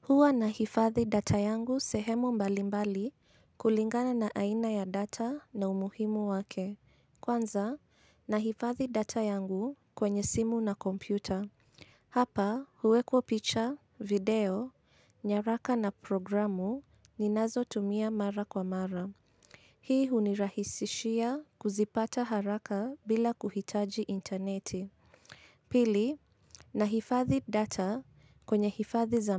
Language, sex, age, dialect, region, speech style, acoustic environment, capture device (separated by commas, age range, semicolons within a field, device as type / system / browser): Swahili, female, 25-34, Kenya - Nairobi Sheng-influenced, Kenya, anxious, silence; nature, mobile / Linux / Chrome